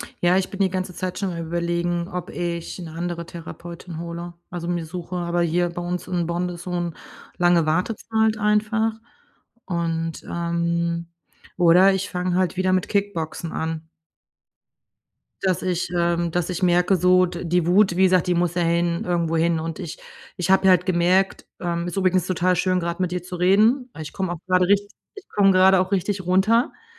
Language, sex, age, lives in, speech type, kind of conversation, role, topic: German, female, 45-49, Germany, conversation, advice, user, Wie kann ich meine emotionalen Reaktionen kontrollieren und erkennen, wann ich mich verteidigen oder loslassen sollte?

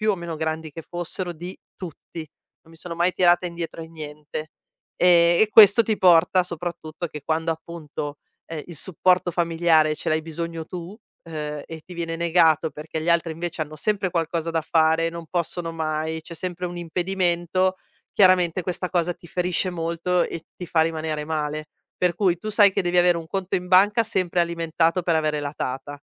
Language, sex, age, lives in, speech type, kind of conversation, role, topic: Italian, female, 40-44, Italy, conversation, podcast, guest, Come bilanci lavoro e supporto familiare?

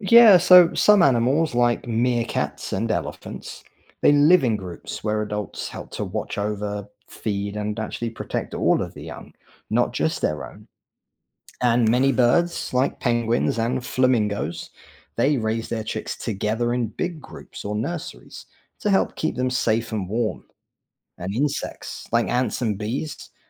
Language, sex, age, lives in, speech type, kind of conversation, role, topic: English, male, 40-44, United States, read, expert-assistant, expert, How do kangaroos carry their babies?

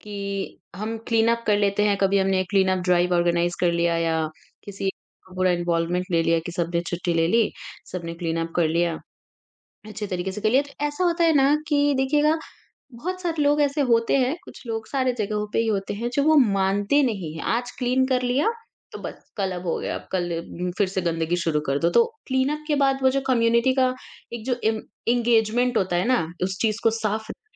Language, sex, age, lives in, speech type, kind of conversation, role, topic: Hindi, female, 40-44, India, conversation, podcast, host, Community clean-up ko organise karne ke best tips kya hain?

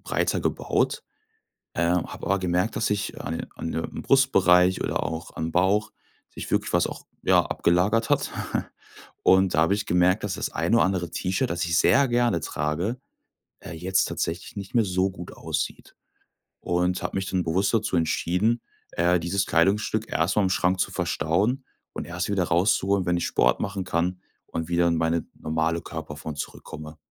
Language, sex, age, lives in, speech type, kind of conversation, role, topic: German, male, 25-29, Germany, conversation, podcast, guest, Wann fühlt sich ein Outfit wirklich nach dir an?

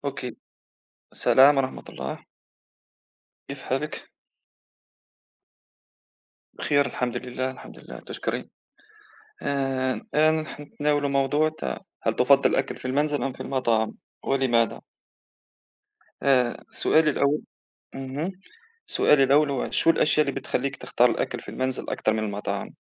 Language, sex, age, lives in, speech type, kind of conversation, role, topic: Arabic, male, 30-34, Germany, conversation, unstructured, none, إنت بتفضل تاكل في البيت ولا في المطاعم، وليه؟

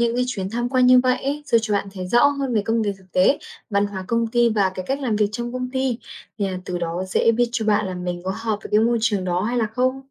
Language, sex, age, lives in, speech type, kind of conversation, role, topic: Vietnamese, female, 20-24, Vietnam, read, expert-assistant, expert, Vai trò của giáo viên trong việc định hướng nghề nghiệp cho học sinh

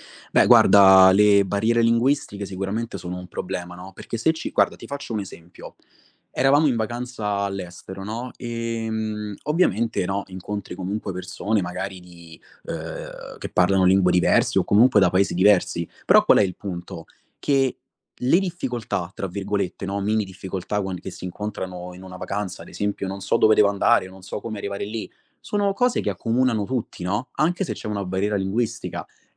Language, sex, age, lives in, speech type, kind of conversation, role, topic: Italian, male, 25-29, Italy, conversation, podcast, guest, Qual è il modo migliore per fare amicizia quando si è in viaggio?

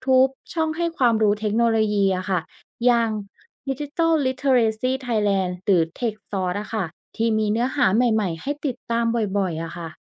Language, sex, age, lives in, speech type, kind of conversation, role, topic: Thai, female, 25-29, Thailand, read, expert-assistant, expert, การรู้จักใช้เทคโนโลยีอย่างเหมาะสมช่วยป้องกันอันตรายได้อย่างไร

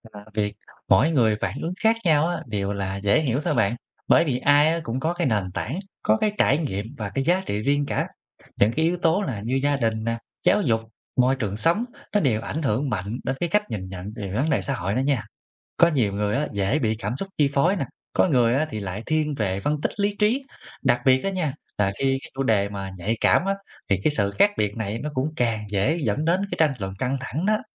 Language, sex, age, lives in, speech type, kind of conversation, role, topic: Vietnamese, male, 25-29, Vietnam, read, expert-assistant, expert, Làm sao để nhóm thảo luận tôn trọng và cởi mở với ý kiến khác biệt?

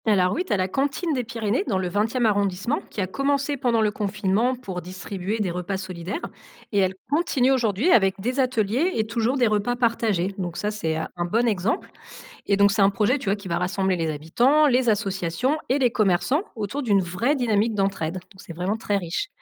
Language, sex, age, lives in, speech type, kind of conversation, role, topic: French, female, 35-39, France, read, expert-assistant, expert, Peux-tu donner un exemple concret qui montre comment les quartiers d’une même ville peuvent être très différents ?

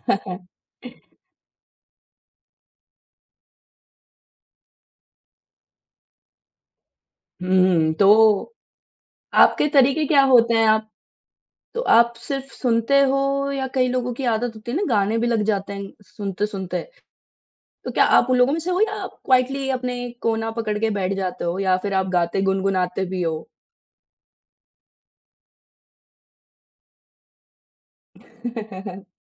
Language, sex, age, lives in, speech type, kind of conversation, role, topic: Hindi, female, 30-34, India, conversation, podcast, host, Road trip par tumhare saath hamesha kaunsa gaana bajta rehta hai?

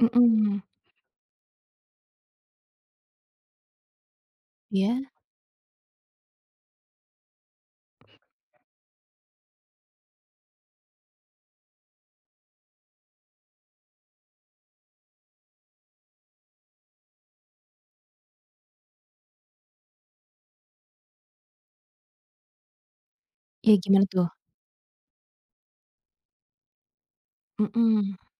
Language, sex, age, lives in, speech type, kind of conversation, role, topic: Indonesian, female, 25-29, Indonesia, conversation, podcast, host, Bagaimana kamu membagi tugas rumah dengan keluarga?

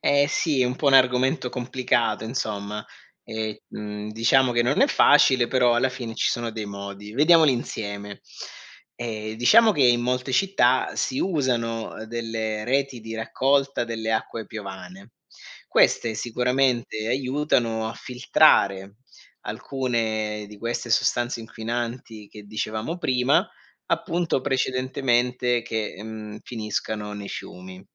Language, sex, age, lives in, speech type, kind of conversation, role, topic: Italian, male, 40-44, Germany, read, expert-assistant, expert, Come si forma la neve?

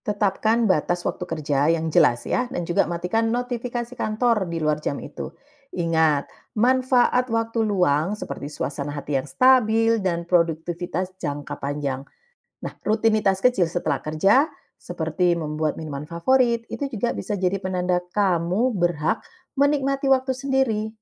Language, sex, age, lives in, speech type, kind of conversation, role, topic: Indonesian, female, 45-49, Netherlands, read, expert-assistant, expert, Bagaimana sebaiknya seseorang menyeimbangkan tuntutan pekerjaan dan kebutuhan menjaga kesehatan mental?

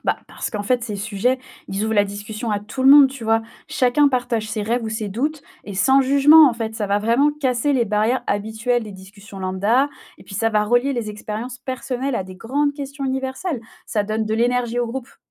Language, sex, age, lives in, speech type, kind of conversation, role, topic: French, female, 25-29, France, read, expert-assistant, expert, Est-ce que réfléchir à la vie ailleurs dans l’univers peut m’aider à voir mes propres choix de vie autrement ?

